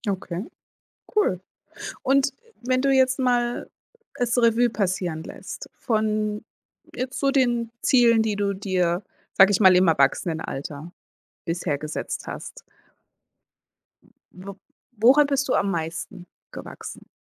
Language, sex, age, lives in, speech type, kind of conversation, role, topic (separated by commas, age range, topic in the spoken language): German, female, 40-44, United States, conversation, podcast, host, Wie setzt du dir Ziele, die dich wachsen lassen?